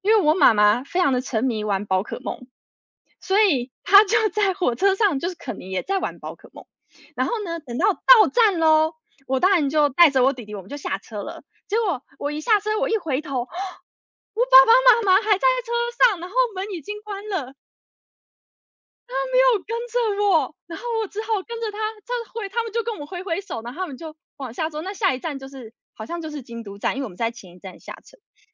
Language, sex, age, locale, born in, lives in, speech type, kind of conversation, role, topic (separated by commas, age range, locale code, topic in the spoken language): Chinese, female, 30-34, zh-TW, United States, United States, conversation, unstructured, none, 你觉得什么样的旅行最让人难忘？